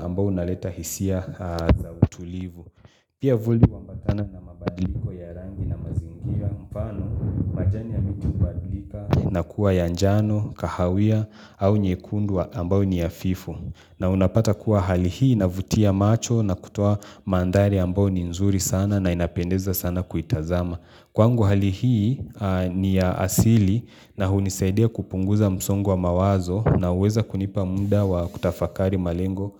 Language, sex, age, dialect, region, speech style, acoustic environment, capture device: Swahili, male, 25-34, Kenya - Mombasa, Kenya, focused, nature, mobile / Linux / Chrome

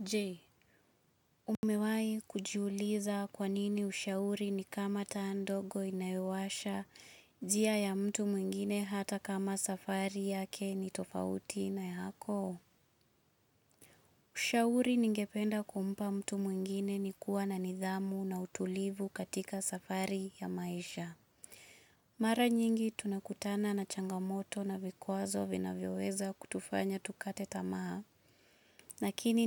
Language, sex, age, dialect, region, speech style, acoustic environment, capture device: Swahili, female, 25-34, Kenya - Nairobi Sheng-influenced, Kenya, focused, silence, mobile / Linux / Chrome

